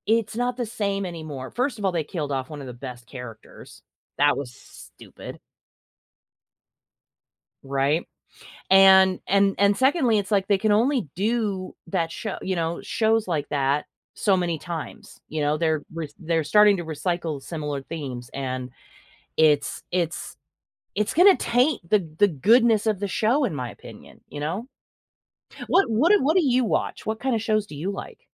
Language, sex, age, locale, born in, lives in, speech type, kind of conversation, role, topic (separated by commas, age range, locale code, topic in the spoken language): English, female, 45-49, en-US, United States, United States, conversation, unstructured, none, Why do some TV shows become so popular?